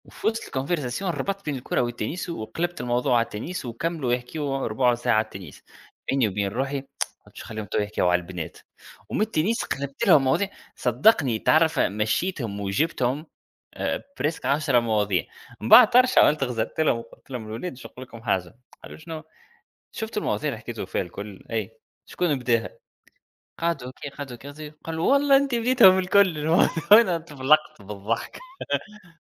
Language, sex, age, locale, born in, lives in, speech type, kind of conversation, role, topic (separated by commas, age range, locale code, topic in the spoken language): Arabic, male, 30-34, ar-TN, Tunisia, United States, conversation, unstructured, none, إيه اللي بيخلّيك تفقد صبرك بسرعة وقت الخلاف؟